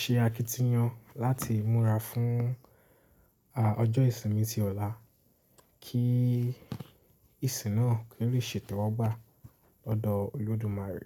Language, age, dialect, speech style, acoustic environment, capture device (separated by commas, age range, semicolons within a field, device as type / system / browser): Yoruba, 18-24, Nigeria - Lagos Yoruba, relaxed; tired, silence; nature, mobile / Linux / Chrome